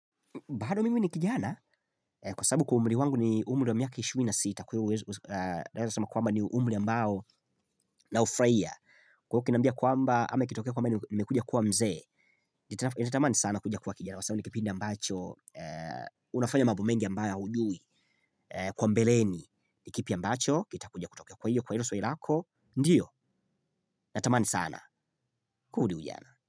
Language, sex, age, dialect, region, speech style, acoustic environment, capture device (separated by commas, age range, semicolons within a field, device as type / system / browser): Swahili, male, 25-34, Tanzania - Dar es Salaam, Tanzania, happy, silence, mobile / Linux / Chrome